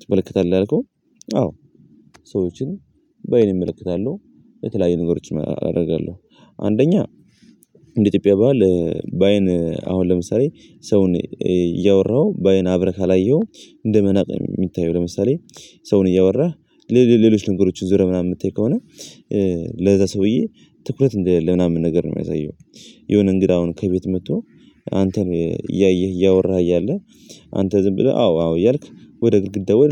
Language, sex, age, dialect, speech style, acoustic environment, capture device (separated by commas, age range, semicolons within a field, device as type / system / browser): Amharic, male, 18-24, Ethiopia - Gondar, happy; anxious; nervous, people talking; music; nature, mobile / Linux / Chrome